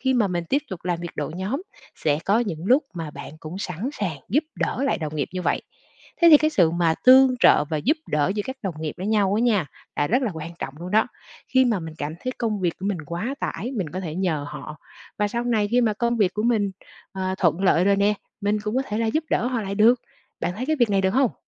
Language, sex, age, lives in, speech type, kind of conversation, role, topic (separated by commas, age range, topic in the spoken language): Vietnamese, female, 40-44, Vietnam, conversation, advice, advisor, Bạn đang gặp những khó khăn gì trong việc quản lý thời gian hằng ngày?